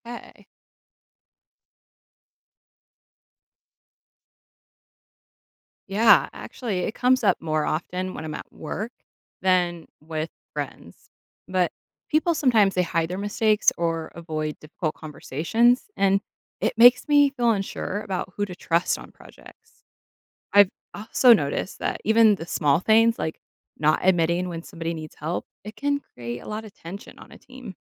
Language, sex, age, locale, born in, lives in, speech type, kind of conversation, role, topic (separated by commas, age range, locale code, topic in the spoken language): English, female, 30-34, en-US, United States, United States, read, expert-assistant, asker, What role does honesty play in building trust?